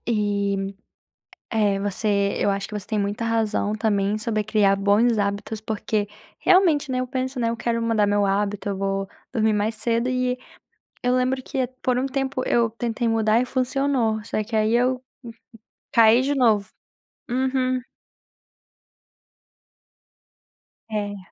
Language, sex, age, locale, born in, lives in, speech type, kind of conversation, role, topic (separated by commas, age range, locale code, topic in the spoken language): Portuguese, female, 20-24, pt-BR, Brazil, United States, conversation, advice, user, Como posso sair do ciclo de hábitos automáticos que me prejudicam diariamente?